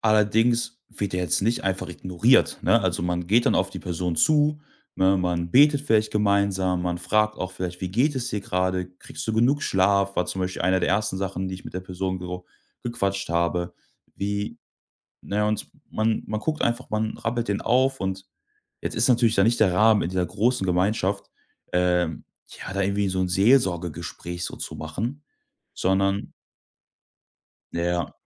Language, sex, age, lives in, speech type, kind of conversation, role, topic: German, male, 25-29, Germany, conversation, podcast, guest, Was bedeutet Gemeinschaft für dich wirklich?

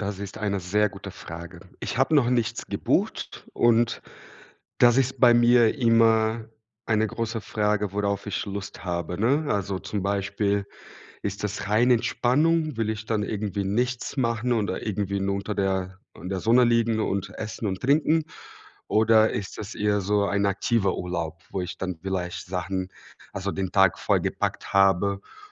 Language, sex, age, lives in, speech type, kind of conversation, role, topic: German, male, 30-34, Germany, conversation, unstructured, none, Worauf freust du dich am meisten, wenn du auf Reisen gehst?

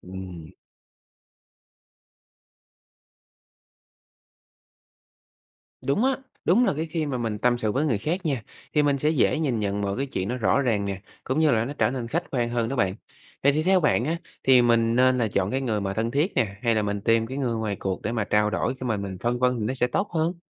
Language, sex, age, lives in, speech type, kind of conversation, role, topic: Vietnamese, male, 25-29, Vietnam, read, expert-assistant, asker, Nếu cần quyết định lớn, bạn nghĩ lời khuyên từ các tôn giáo lớn sẽ giống hay khác nhau?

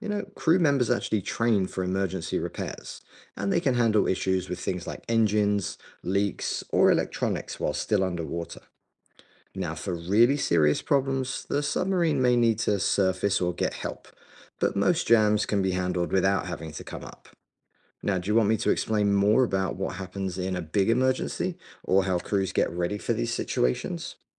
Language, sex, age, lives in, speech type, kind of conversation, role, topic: English, male, 40-44, United States, read, expert-assistant, expert, How does a submarine dive and surface?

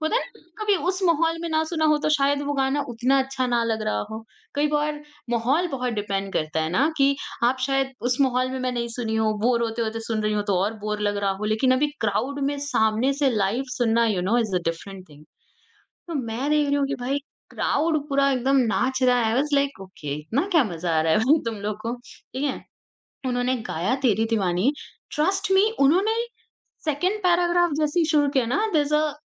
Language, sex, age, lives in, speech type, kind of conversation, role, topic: Hindi, female, 40-44, India, conversation, podcast, guest, Tumhara pehla concert ya mela tumhare music taste ko kaise influence kiya?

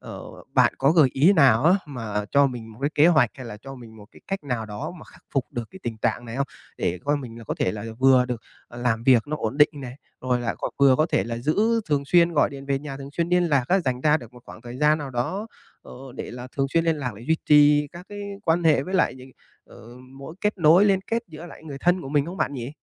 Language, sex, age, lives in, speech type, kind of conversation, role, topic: Vietnamese, male, 25-29, Vietnam, conversation, advice, user, Làm sao để duy trì liên lạc thường xuyên với người thân khi ở xa?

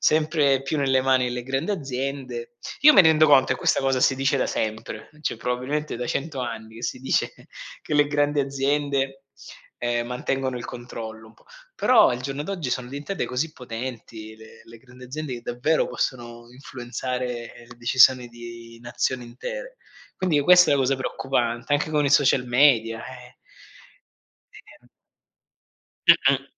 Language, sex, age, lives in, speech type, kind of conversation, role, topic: Italian, male, 40-44, Germany, conversation, unstructured, none, Qual è la tua opinione sul cambiamento climatico e sulle azioni che si stanno facendo oggi?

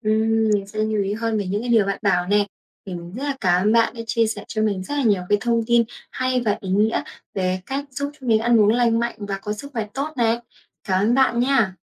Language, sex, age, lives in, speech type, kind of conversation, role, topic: Vietnamese, female, 20-24, Vietnam, read, expert-assistant, asker, Tại sao khi ta chạy nhanh, tim lại đập mạnh hơn?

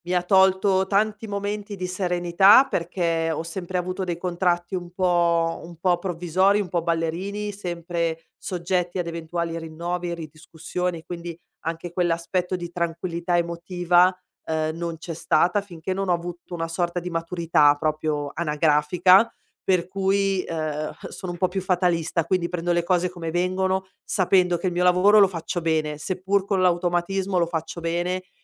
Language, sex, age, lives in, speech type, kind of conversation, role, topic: Italian, female, 40-44, Italy, conversation, advice, user, Come posso scegliere un lavoro che mi dia senso e soddisfazione?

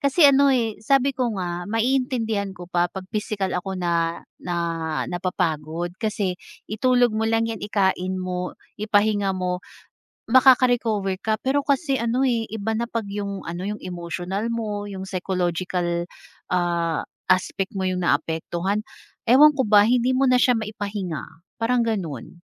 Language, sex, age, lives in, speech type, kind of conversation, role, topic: Filipino, female, 30-34, Philippines, conversation, podcast, guest, Paano mo inaalagaan ang sarili mong isip at damdamin?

